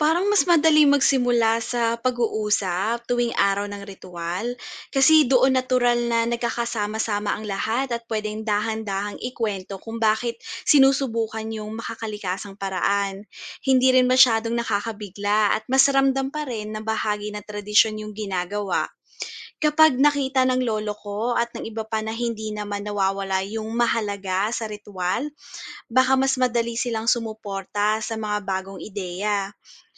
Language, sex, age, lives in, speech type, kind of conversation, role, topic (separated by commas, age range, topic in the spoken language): Filipino, female, 30-34, Philippines, read, expert-assistant, asker, Paano Pinagsasama ang Makakalikasang Pagsasaka at Tradisyon sa Pamilya?